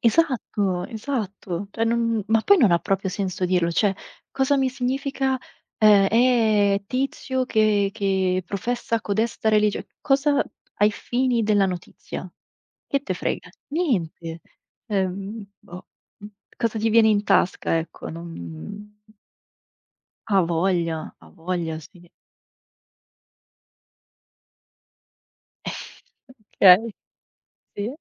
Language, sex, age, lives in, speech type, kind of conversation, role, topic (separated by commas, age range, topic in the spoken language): Italian, female, 25-29, Italy, conversation, unstructured, none, Credi che le notizie siano sempre affidabili? Perché?